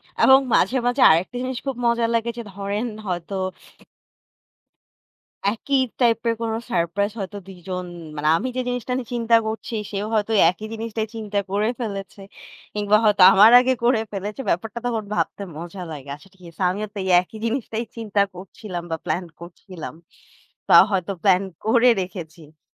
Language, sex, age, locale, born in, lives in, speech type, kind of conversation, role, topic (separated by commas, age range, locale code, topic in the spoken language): Bengali, female, 25-29, bn-BD, Bangladesh, Bangladesh, conversation, unstructured, none, প্রেমের সম্পর্কে ছোট ছোট সারপ্রাইজ দিতে বা পেতে আপনার ভালো লাগে কি?